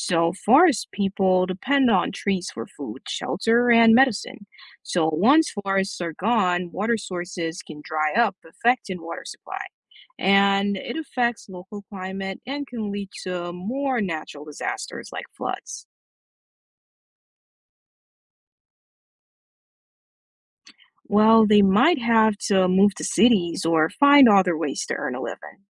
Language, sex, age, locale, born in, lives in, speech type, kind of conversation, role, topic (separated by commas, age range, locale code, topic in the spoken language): English, male, 25-29, en-US, Jamaica, United States, read, expert-assistant, expert, What causes deforestation and what are its effects?